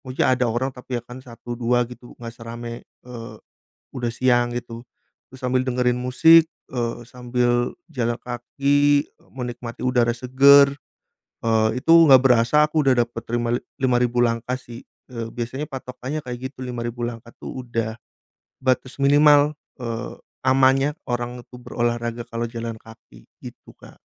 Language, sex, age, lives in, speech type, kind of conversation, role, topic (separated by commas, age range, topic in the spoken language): Indonesian, male, 30-34, Indonesia, conversation, podcast, guest, Apa strategi sederhana supaya bisa disiplin menjaga pola makan sehari-hari?